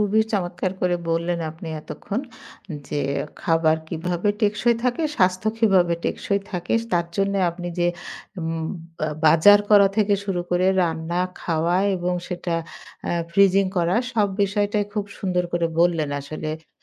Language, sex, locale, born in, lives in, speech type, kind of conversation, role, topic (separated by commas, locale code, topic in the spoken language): Bengali, female, bn-BD, Bangladesh, Bangladesh, conversation, podcast, host, কম খরচে টেকসই খাবার কেনার জন্য তুমি কী কী কৌশল ব্যবহার করো?